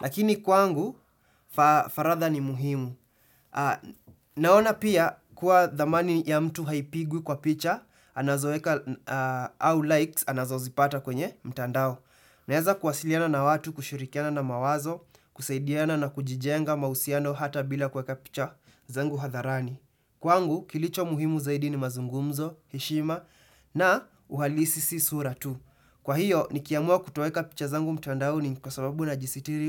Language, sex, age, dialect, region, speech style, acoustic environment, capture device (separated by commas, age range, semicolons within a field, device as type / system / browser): Swahili, male, 18-24, Kenya - Nairobi Sheng-influenced, Kenya, happy; excited, silence, desktop / Windows / Chrome